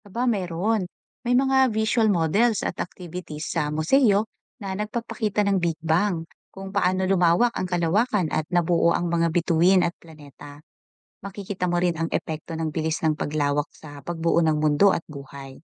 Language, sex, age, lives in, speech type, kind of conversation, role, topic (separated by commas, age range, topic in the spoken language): Filipino, female, 40-44, Philippines, read, expert-assistant, expert, Anong mga paraan ang puwedeng subukan para mas ma-enjoy ang pag-aaral tungkol sa kung paano nagsimula ang uniberso?